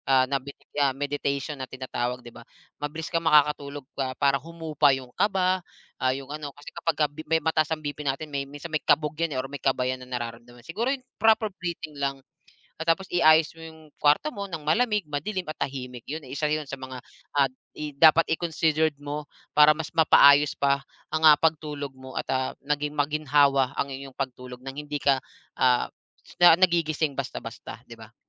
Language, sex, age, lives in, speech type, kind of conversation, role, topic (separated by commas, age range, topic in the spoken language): Filipino, male, 35-39, Philippines, conversation, advice, advisor, Nababahala ka ba sa pag-inom ng gamot o alak para makatulog?